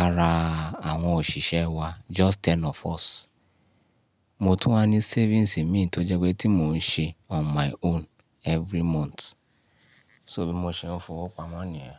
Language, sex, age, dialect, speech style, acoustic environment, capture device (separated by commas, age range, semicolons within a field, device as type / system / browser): Yoruba, male, 35-44, Nigeria - Ibadan, tired, silence, mobile / Linux / Chrome